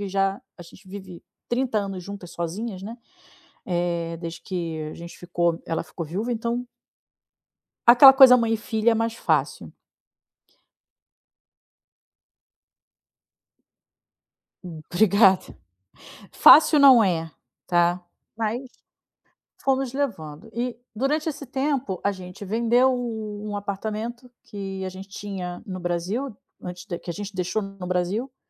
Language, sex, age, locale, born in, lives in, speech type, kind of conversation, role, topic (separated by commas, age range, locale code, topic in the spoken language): Portuguese, female, 40-44, pt-BR, Brazil, Spain, conversation, advice, user, O que devo fazer se minha renda caiu e eu não tenho um fundo de emergência?